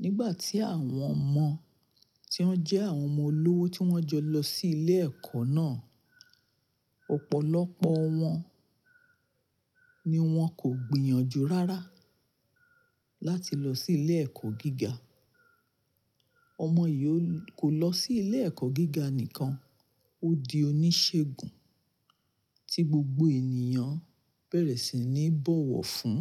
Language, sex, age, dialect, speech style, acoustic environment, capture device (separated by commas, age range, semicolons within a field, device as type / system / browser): Yoruba, female, 45-54, Nigeria - Nigerian Standard, happy, nature, mobile / Linux / Chrome